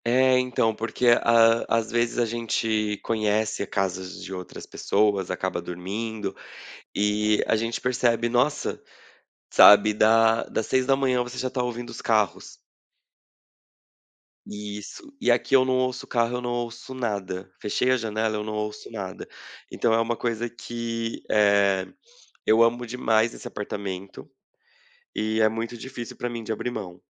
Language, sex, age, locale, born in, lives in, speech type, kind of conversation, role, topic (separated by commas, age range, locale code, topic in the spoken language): Portuguese, male, 30-34, pt-BR, Brazil, Portugal, conversation, podcast, guest, O que te ajuda a relaxar em casa?